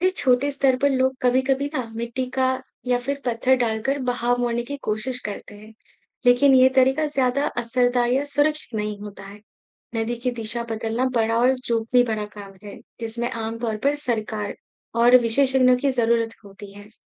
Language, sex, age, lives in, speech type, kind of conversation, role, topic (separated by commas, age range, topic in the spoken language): Hindi, male, 55-59, India, read, expert-assistant, expert, क्यों नदियाँ मोड़ लेती हैं, इसे हम गली में बहती बारिश के पानी से कैसे जोड़कर समझ सकते हैं?